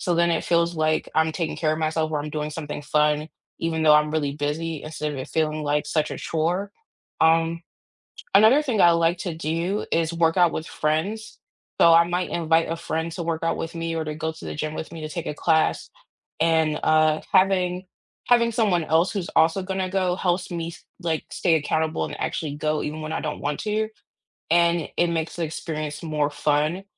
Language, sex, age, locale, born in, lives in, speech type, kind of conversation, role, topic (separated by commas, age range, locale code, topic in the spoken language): English, female, 35-39, en-US, United States, United States, conversation, unstructured, none, What is your go-to way to stay active during a busy week?